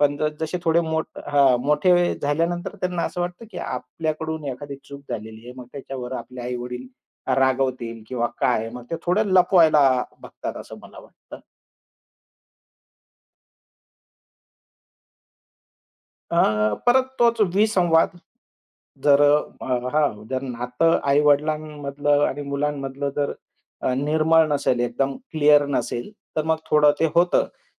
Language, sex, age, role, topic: Marathi, male, 45-49, guest, मुले आणि पालकांमधील संवाद वाढवण्यासाठी तुम्ही काय करता?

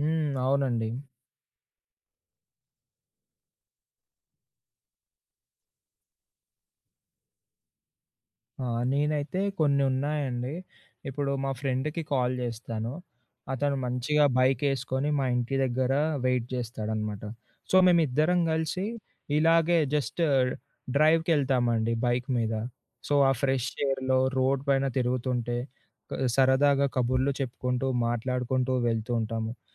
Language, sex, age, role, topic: Telugu, male, 20-24, guest, ఒత్తిడిని తగ్గించుకోవడానికి మీరు రోజూ చేసే అప్రమత్తత సాధన ఏమిటి?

